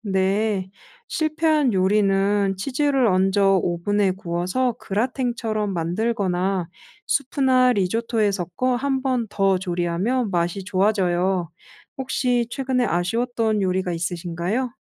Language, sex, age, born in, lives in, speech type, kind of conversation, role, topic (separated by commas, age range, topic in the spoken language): Korean, female, 35-39, South Korea, France, read, expert-assistant, expert, 세계 각국의 요리 문화를 조합해서 나만의 퓨전 요리를 만들어 본다면 어떤 조합이 가장 흥미로울까요?